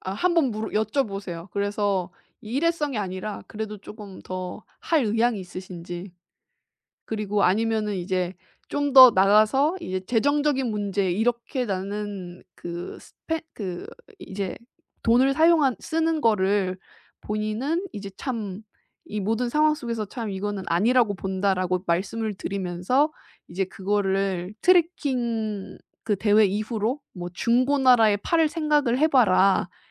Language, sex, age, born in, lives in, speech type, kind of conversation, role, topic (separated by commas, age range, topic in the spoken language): Korean, female, 30-34, South Korea, Japan, conversation, advice, advisor, 연인과 다툼 없이 내 감정을 어떻게 안전하게 전달할 수 있을까요?